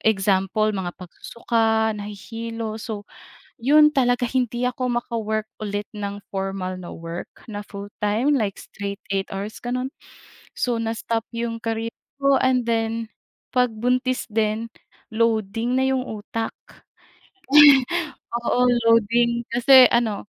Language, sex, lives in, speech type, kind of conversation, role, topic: Filipino, female, Philippines, conversation, unstructured, none, Paano mo hinaharap ang pagdududa sa sarili?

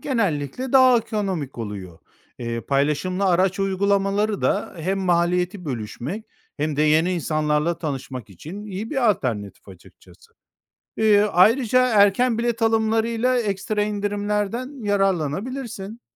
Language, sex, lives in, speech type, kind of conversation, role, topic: Turkish, male, Spain, read, expert-assistant, expert, Seyahat planı yaparken zamandan ve bütçeden tasarruf etmek için hangi yaratıcı yollar denenebilir?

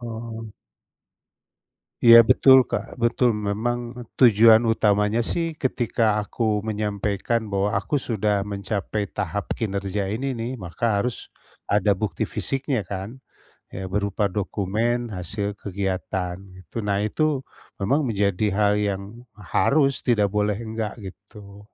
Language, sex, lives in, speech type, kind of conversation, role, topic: Indonesian, male, Indonesia, conversation, advice, user, Bagaimana saya bisa lebih konsisten mempertahankan kebiasaan mendokumentasikan proses kreatif setiap hari?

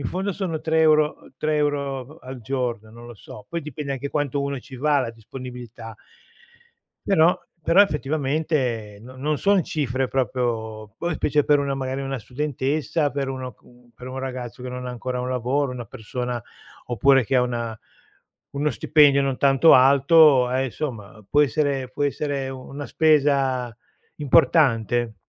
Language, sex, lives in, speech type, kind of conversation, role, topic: Italian, male, Italy, conversation, unstructured, none, È giusto che le palestre siano così costose?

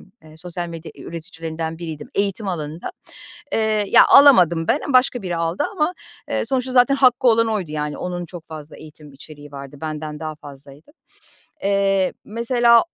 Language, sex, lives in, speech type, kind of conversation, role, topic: Turkish, female, Poland, conversation, podcast, guest, Paylaşım yaptıktan sonra motivasyonunu nasıl koruyorsun?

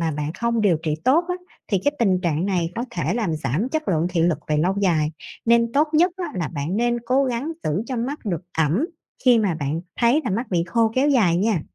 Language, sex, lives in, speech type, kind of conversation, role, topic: Vietnamese, female, Vietnam, read, expert-assistant, expert, Tại sao khi ngáp lại thường chảy nước mắt?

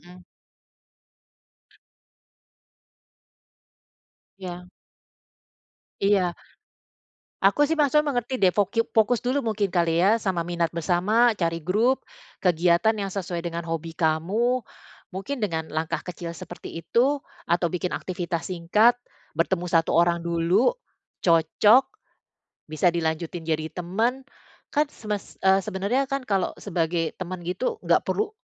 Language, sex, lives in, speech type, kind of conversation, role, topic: Indonesian, female, Netherlands, conversation, advice, advisor, Bagaimana cara menghadapi kehilangan sahabat atau jaringan sosial dan membangun koneksi baru?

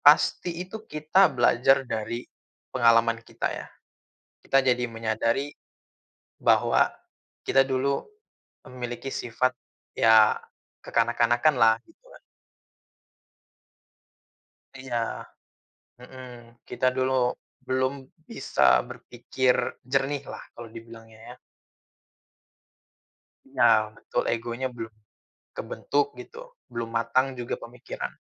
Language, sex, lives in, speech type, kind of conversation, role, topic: Indonesian, male, Indonesia, conversation, unstructured, none, Kenangan apa yang membuatmu merasa marah ketika mengingatnya?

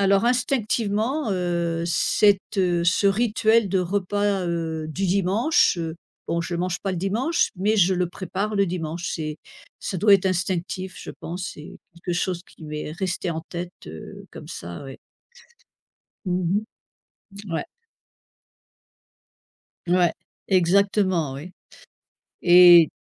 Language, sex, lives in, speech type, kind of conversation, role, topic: French, female, United States, conversation, podcast, guest, Peux-tu raconter un rituel du repas du dimanche dans ta famille ?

